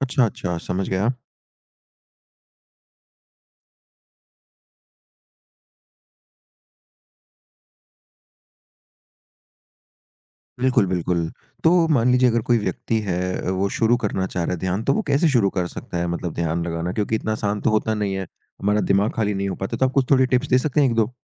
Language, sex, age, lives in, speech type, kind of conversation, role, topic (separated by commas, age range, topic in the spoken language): Hindi, male, 55-59, India, conversation, podcast, host, ध्यान करने से तनाव में क्या बदलाव आता है?